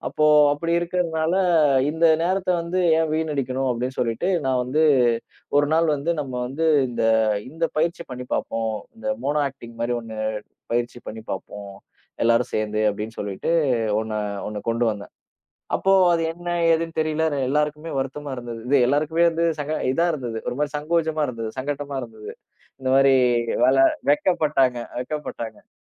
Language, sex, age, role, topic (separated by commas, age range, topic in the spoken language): Tamil, male, 30-34, guest, ஒரு குழுவை ஒன்றிணைக்க நீங்கள் எந்த வழிமுறையைப் பின்பற்றுகிறீர்கள்?